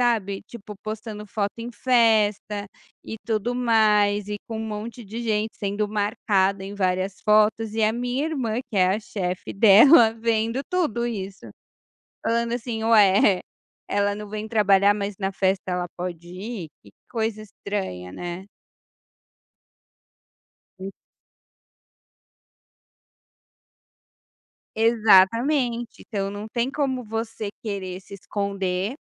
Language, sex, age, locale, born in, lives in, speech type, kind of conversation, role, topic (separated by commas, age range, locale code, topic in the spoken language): Portuguese, female, 35-39, pt-BR, Brazil, Portugal, conversation, podcast, guest, Como as redes sociais mudaram a forma como você se apresenta profissionalmente?